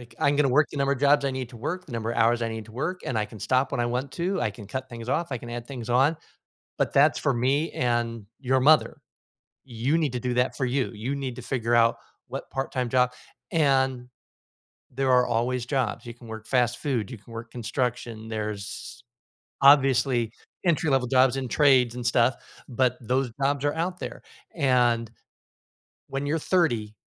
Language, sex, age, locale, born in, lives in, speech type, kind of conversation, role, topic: English, male, 55-59, en-US, United States, United States, conversation, advice, advisor, How can I set healthy personal boundaries and stick to them without feeling guilty?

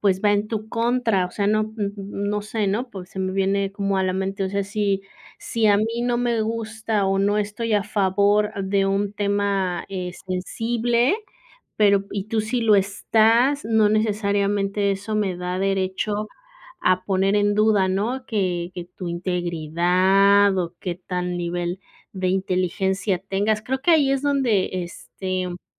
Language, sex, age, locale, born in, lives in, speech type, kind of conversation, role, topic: Spanish, female, 35-39, es-MX, Mexico, Mexico, conversation, unstructured, none, ¿Crees que las redes sociales fomentan más odio que amistad?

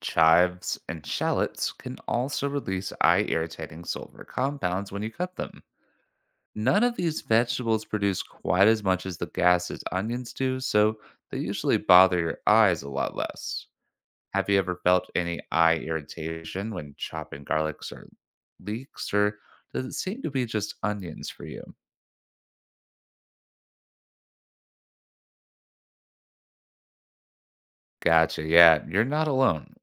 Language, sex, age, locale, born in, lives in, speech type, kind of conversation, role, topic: English, male, 25-29, en-US, United States, United States, read, expert-assistant, expert, Why do onions make eyes water when cut?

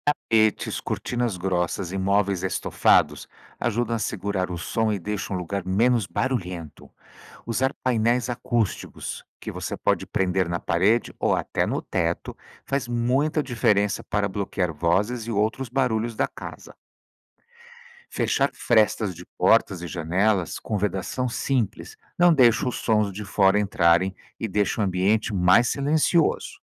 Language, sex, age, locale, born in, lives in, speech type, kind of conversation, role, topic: Portuguese, male, 55-59, pt-BR, Brazil, United States, read, expert-assistant, expert, Como a arquitetura pode influenciar o bem-estar das pessoas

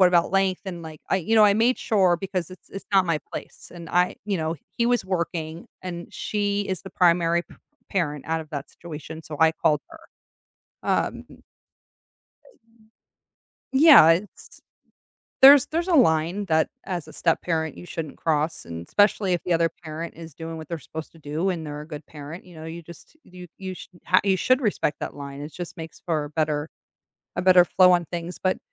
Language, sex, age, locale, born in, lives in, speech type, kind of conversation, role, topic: English, female, 40-44, en-US, United States, United States, conversation, advice, user, How can I stop feeling guilty and repair things after not standing up for someone?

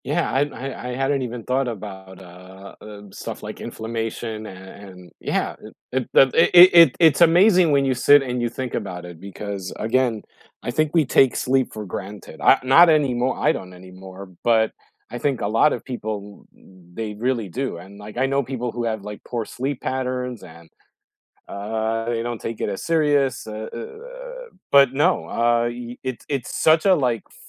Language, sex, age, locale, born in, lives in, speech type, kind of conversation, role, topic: English, male, 45-49, en-US, United States, United States, conversation, unstructured, none, What sleep habits help you wake up refreshed, and how did you discover them?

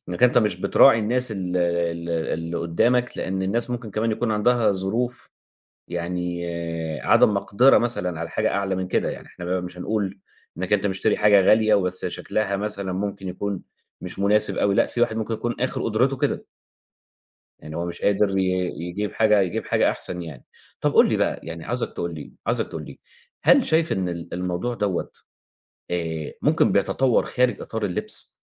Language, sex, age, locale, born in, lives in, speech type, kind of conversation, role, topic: Arabic, male, 30-34, ar-EG, Egypt, Egypt, conversation, podcast, host, إزاي بتتعامل/ي مع كلام الناس ورأيهم في شكلك؟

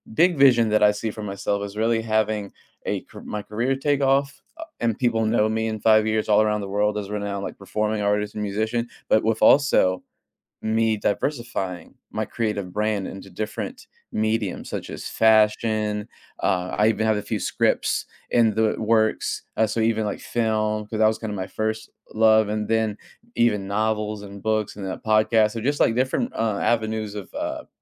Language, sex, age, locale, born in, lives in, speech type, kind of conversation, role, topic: English, male, 30-34, en-US, United States, United States, conversation, podcast, guest, What motivates you to set goals for your future, and how do you plan to achieve them?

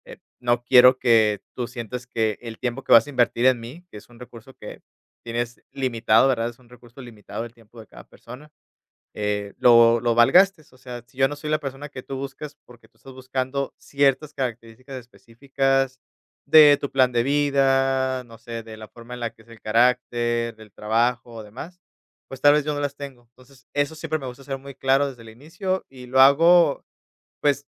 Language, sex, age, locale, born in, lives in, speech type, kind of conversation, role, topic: Spanish, male, 30-34, es-MX, Mexico, Mexico, conversation, podcast, guest, ¿Cómo practicas ser más directo sin perder el tacto?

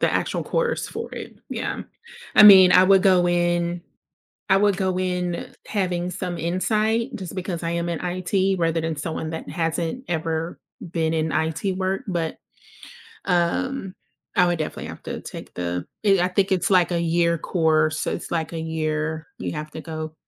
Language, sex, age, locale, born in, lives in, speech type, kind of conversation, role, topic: English, female, 30-34, en-US, United States, United States, conversation, advice, user, How can I successfully transition into a new career?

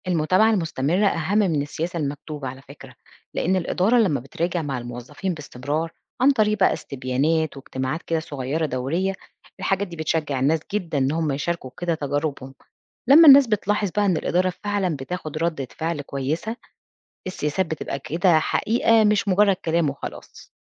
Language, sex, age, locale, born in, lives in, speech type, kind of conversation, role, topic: Arabic, female, 40-44, ar-EG, Egypt, Portugal, read, expert-assistant, expert, إزاي ممكن نعيد تصميم أماكن الشغل علشان تدعم الصحة النفسية أكتر وتقلل التوتر؟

